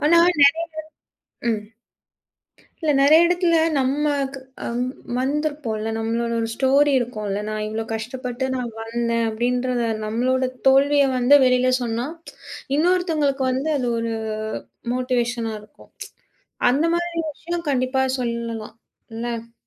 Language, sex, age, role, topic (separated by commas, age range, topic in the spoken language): Tamil, female, 30-34, host, பொதுவாக பேசும்போது, உங்கள் தனிப்பட்ட கதையை எவ்வளவு அளவுக்கு பகிர வேண்டும் என்று நீங்கள் எப்படி முடிவு செய்கிறீர்கள்?